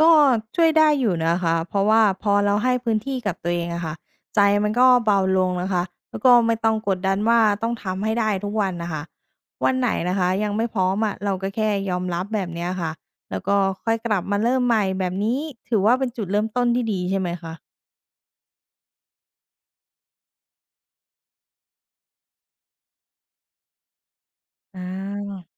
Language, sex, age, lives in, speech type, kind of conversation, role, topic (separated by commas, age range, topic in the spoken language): Thai, female, 30-34, Thailand, read, expert-assistant, asker, ถ้าอยากพัฒนาตัวเองในปีนี้ ควรให้ความสำคัญกับเรื่องไหนก่อนระหว่างทักษะใหม่ สุขภาพ หรือความสัมพันธ์ส่วนตัว?